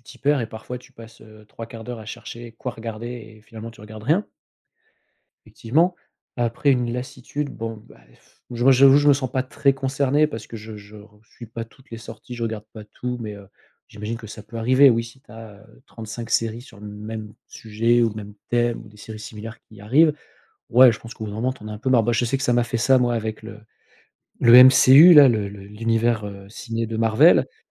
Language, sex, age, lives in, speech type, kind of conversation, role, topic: French, male, 40-44, France, conversation, podcast, guest, Que penses-tu du visionnage en rafale et de ses effets ?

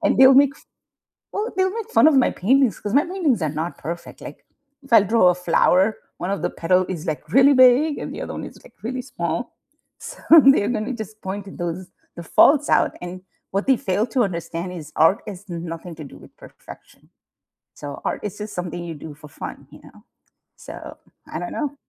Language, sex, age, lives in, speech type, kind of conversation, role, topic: English, female, 50-54, United States, conversation, unstructured, none, How did you first become interested in your favorite hobby?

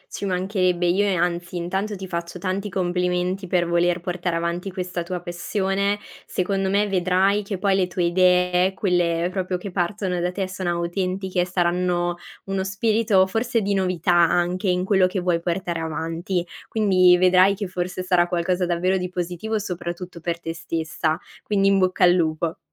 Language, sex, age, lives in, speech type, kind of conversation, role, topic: Italian, female, 20-24, Italy, conversation, advice, advisor, Come posso smettere di demotivarmi quando mi confronto con altri creativi?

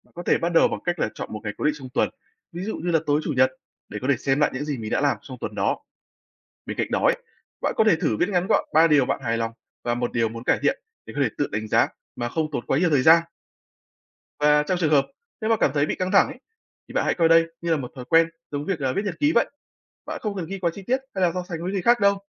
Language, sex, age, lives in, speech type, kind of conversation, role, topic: Vietnamese, male, 20-24, Vietnam, read, expert-assistant, expert, Các bước tự đánh giá bản thân sau mỗi kỳ học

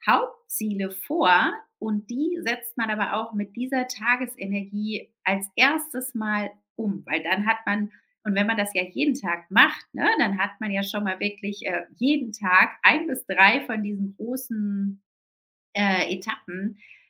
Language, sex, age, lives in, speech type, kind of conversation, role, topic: German, female, 35-39, Spain, conversation, advice, advisor, Warum fehlt mir die Motivation, ein wichtiges Ziel anzugehen?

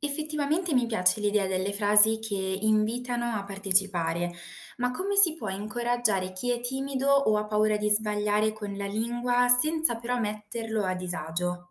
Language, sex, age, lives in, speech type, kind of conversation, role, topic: Italian, female, 20-24, Italy, read, expert-assistant, asker, Come possiamo usare le parole per creare legami più forti tra persone di culture diverse?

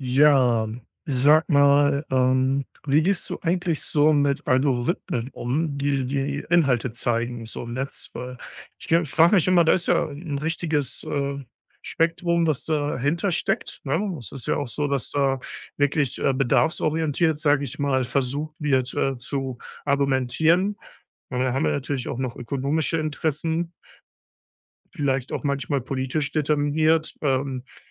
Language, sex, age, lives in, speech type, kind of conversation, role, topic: German, male, 35-39, Germany, conversation, podcast, host, Wie gehst du mit Algorithmen um, die dir Inhalte anzeigen?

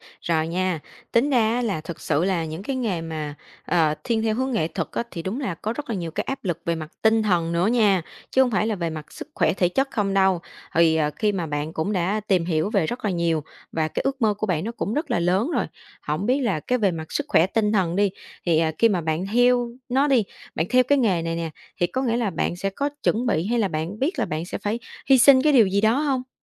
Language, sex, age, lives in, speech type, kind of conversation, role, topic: Vietnamese, female, 25-29, Vietnam, conversation, podcast, host, Bạn cân nhắc những gì khi quyết định nghỉ việc ổn định để theo đuổi ước mơ dài hạn?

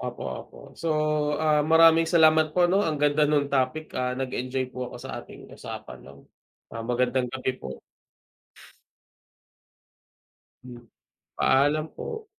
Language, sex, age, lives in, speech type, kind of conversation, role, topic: Filipino, male, 25-29, Philippines, conversation, unstructured, none, Ano ang papel ng mga larong bidyo sa paghubog ng kakayahang mag-isip nang mapanuri ng mga kabataan?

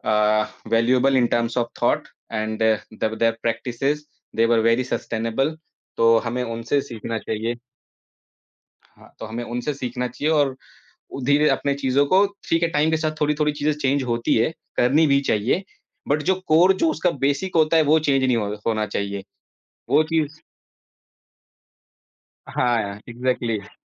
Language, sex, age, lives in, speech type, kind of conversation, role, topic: Hindi, male, 30-34, India, conversation, podcast, guest, Aapke parivaar ki koi khaas parampara kya hai, aur yeh kabse aur kaise shuru hui?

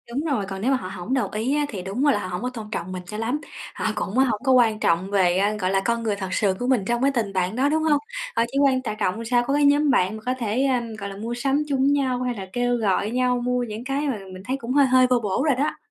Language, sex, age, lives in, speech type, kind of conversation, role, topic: Vietnamese, female, 25-29, Vietnam, conversation, advice, user, Làm thế nào để đối mặt với áp lực phải mua theo xu hướng để hòa nhập với bạn bè?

